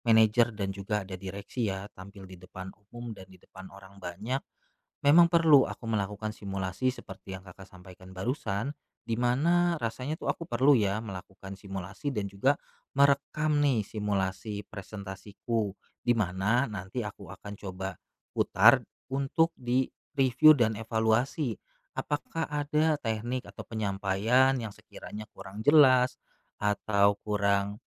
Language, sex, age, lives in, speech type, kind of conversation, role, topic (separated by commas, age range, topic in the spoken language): Indonesian, male, 35-39, Indonesia, conversation, advice, user, Bagaimana cara mengatasi rasa gugup saat berbicara di depan orang?